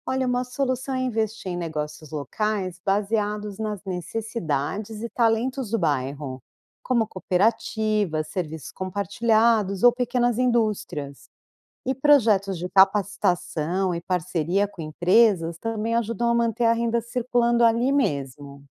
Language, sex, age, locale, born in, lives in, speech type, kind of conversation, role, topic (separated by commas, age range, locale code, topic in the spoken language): Portuguese, female, 45-49, pt-BR, Brazil, United States, read, expert-assistant, expert, Que ideias diferentes poderiam ajudar uma comunidade a criar mais empregos de forma sustentável?